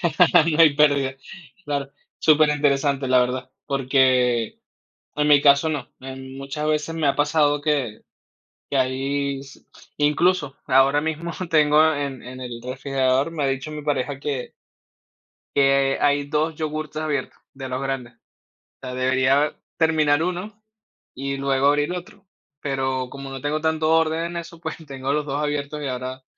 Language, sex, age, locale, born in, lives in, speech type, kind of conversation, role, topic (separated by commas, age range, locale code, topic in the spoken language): Spanish, male, 30-34, es-VE, Venezuela, Spain, conversation, podcast, host, ¿Qué haces para mantener la nevera organizada y evitar el desperdicio de alimentos?